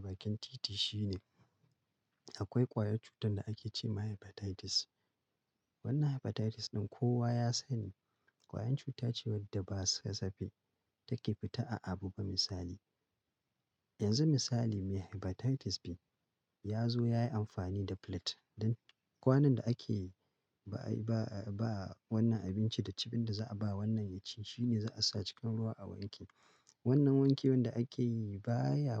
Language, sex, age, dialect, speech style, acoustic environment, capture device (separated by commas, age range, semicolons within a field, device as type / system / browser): Hausa, male, 35-44, Nigeria - Kano, relaxed, silence, mobile / Linux / Chrome